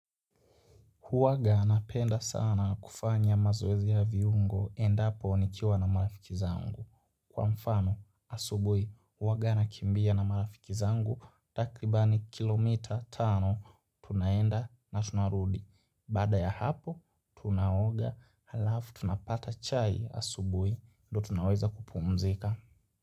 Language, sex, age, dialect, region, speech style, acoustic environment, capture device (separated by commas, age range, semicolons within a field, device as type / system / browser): Swahili, male, 18-24, Kenya - Nairobi Sheng-influenced, Kenya, happy, silence, mobile / Linux / Chrome